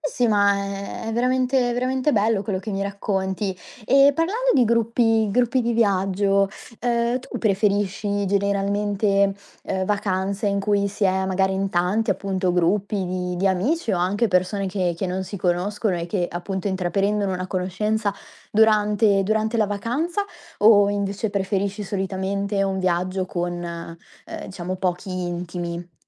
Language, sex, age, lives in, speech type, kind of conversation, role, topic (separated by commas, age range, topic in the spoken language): Italian, female, 20-24, Italy, conversation, podcast, host, Qual è il modo migliore per fare amicizia quando si è in viaggio?